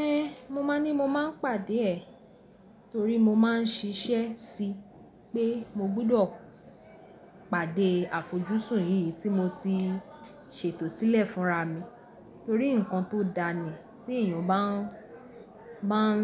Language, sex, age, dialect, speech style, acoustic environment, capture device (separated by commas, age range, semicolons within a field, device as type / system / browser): Yoruba, female, 18-24, Nigeria - Lagos Yoruba, happy; excited; focused, people talking, mobile / Linux / Chrome